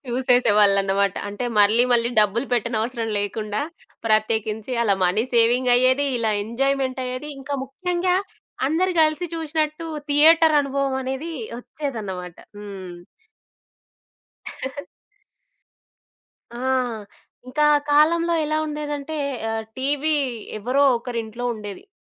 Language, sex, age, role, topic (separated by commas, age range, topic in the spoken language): Telugu, female, 25-29, host, వీడియో కాసెట్ రోజుల్లోని అనుభవాన్ని మీరు వర్ణించగలరా?